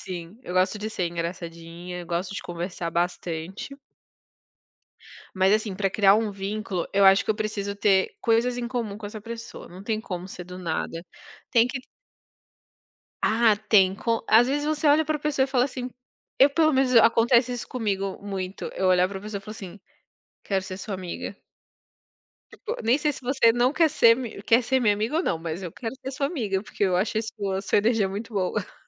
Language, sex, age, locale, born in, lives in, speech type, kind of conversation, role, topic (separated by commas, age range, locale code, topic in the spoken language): Portuguese, female, 30-34, pt-BR, Brazil, France, conversation, unstructured, none, Como você define quem você realmente é?